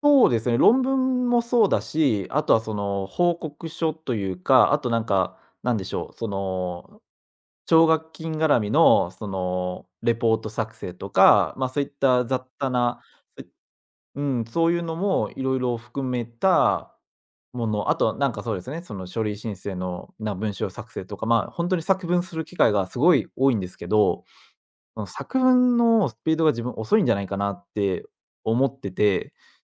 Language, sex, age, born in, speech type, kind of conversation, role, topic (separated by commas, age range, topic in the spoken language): Japanese, male, 25-29, Japan, conversation, advice, user, 完璧を目指しすぎて作業に時間をかけすぎてしまうことについて、説明していただけますか？